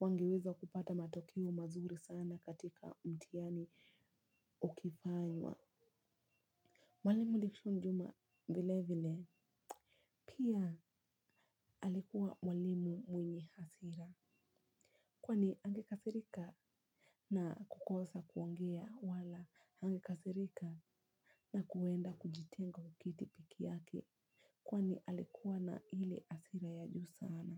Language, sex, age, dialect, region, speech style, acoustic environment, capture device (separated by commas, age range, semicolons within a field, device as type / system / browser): Swahili, female, 18-24, Kenya - Mombasa, Kenya, relaxed, silence, mobile / Linux / Chrome